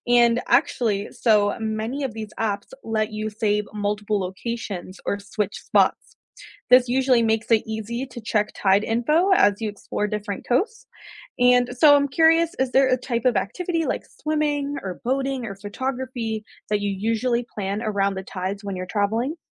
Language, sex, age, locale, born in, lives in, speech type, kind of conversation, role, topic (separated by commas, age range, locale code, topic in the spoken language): English, female, 25-29, en-US, United States, United States, read, expert-assistant, expert, What causes ocean tides?